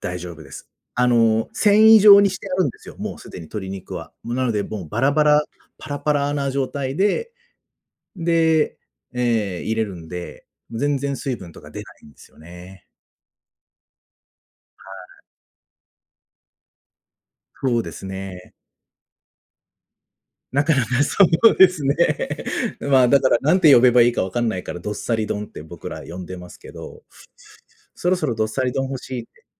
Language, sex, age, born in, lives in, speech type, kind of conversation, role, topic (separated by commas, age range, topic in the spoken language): Japanese, male, 35-39, Japan, Japan, conversation, podcast, guest, 得意な家庭料理について教えてくれますか？